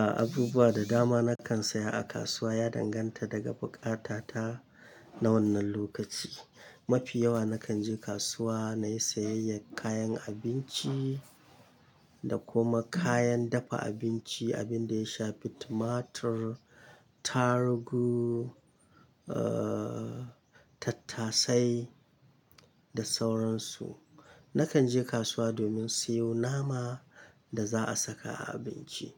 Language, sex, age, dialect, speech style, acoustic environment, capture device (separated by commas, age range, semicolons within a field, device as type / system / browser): Hausa, male, 25-34, Nigeria - Kano, excited; happy, nature, mobile / Linux / Chrome